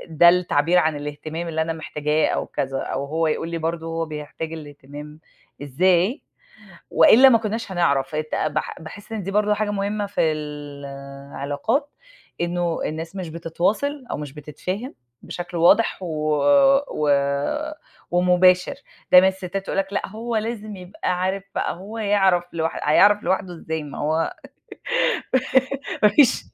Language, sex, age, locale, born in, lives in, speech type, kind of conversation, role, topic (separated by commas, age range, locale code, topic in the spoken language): Arabic, female, 40-44, ar-EG, Egypt, United States, conversation, unstructured, none, إزاي بتعبر عن حبك لحد؟